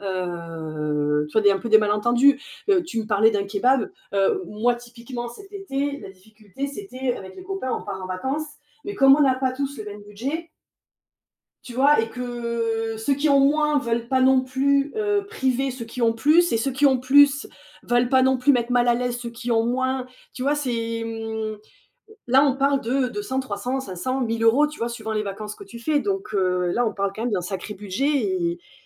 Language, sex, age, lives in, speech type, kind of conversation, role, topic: French, female, 35-39, France, conversation, unstructured, none, Comment parlez-vous d’argent avec votre famille ou vos amis ?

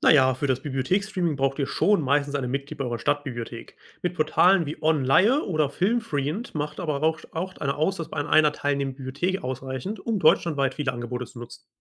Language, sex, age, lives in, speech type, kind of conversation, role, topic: German, male, 25-29, Germany, read, expert-assistant, expert, Lohnt es sich, für Streaming-Dienste monatlich zu zahlen, oder gibt es bessere Alternativen?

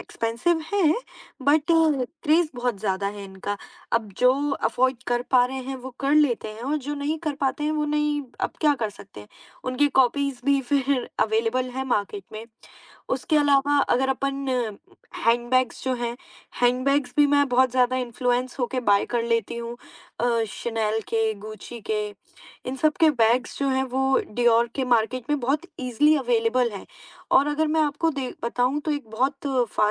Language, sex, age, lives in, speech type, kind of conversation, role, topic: Hindi, female, 20-24, India, conversation, podcast, guest, Social media tumhare kapdon ke chunav ko kaise prabhavit karta hai?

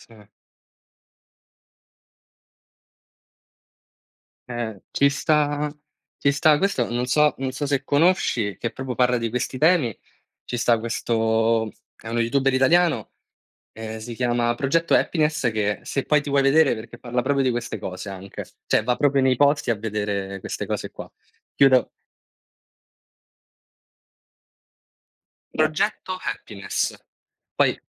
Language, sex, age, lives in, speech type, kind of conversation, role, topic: Italian, male, 20-24, Italy, conversation, unstructured, none, Qual è la scoperta scientifica che ti ha stupito di più?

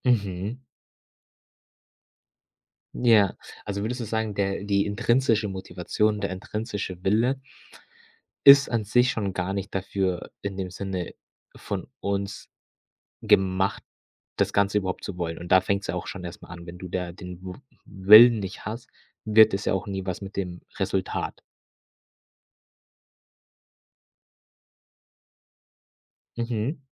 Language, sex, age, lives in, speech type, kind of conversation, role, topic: German, male, 25-29, Germany, conversation, podcast, host, Was lehrt dich die Natur über Achtsamkeit im Alltag?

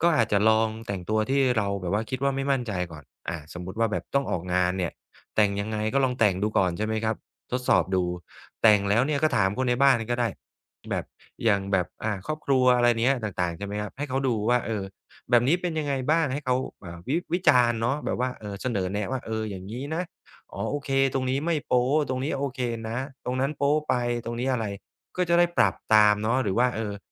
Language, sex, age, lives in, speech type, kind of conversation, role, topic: Thai, male, 35-39, Thailand, conversation, advice, advisor, จะแต่งตัวอย่างไรให้ดูดีและรู้สึกมั่นใจ?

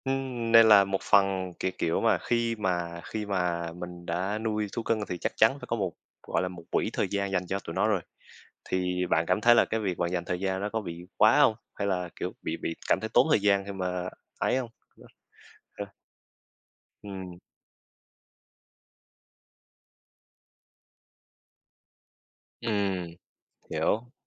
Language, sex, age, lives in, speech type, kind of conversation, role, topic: Vietnamese, male, 20-24, Vietnam, conversation, unstructured, none, Bạn nghĩ sao về việc nuôi thú cưng so với không nuôi thú cưng?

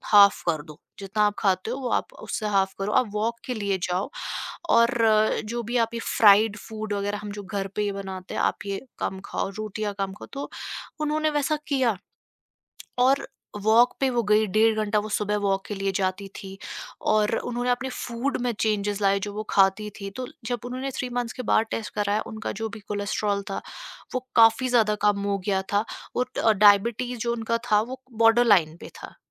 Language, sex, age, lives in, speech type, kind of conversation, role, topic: Hindi, female, 25-29, India, conversation, podcast, guest, Daily life mein simple choices se kachra kaise kam kar sakte hain?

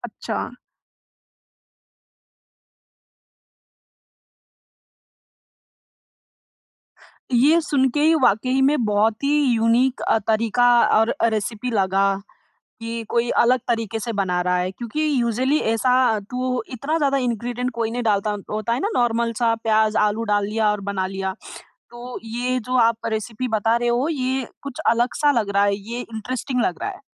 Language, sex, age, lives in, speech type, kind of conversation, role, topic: Hindi, female, 20-24, India, conversation, podcast, host, Ghar ki kisi khaas recipe ki kahani kya hai?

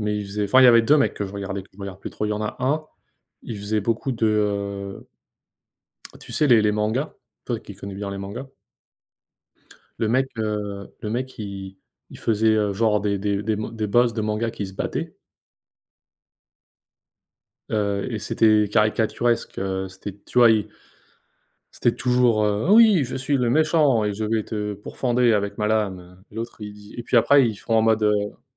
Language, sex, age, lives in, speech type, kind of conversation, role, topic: French, male, 25-29, United States, conversation, unstructured, none, Qu’est-ce qui te surprend le plus sur les réseaux sociaux aujourd’hui ?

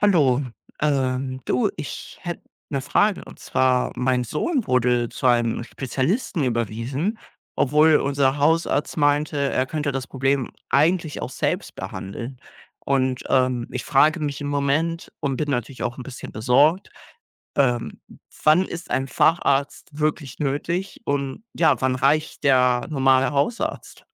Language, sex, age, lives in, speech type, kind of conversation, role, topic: German, male, 18-19, Germany, read, expert-assistant, asker, Wann sollte man einen Hausarzt aufsuchen und wann ist ein Facharzt erforderlich?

